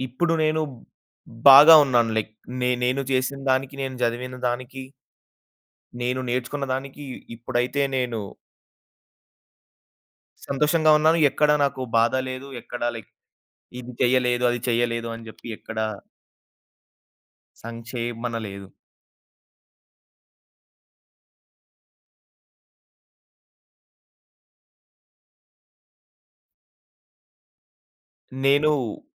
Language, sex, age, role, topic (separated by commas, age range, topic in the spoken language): Telugu, male, 20-24, guest, సృజనాత్మక పనిలో మీ వ్యక్తిగత గుర్తింపు మీకు ఎంత ముఖ్యంగా అనిపిస్తుంది?